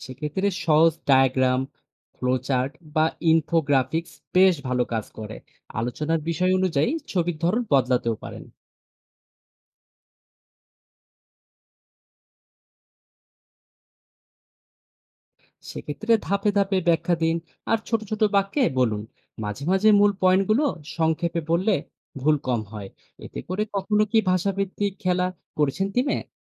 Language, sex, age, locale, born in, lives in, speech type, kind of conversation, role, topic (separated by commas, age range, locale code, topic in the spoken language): Bengali, male, 30-34, bn-BD, Bangladesh, Bangladesh, read, expert-assistant, expert, কাজের জায়গায় সবাই একই ভাষায় কথা না বললে, বোঝাপড়া সহজ করতে কীভাবে কথা বলা উচিত?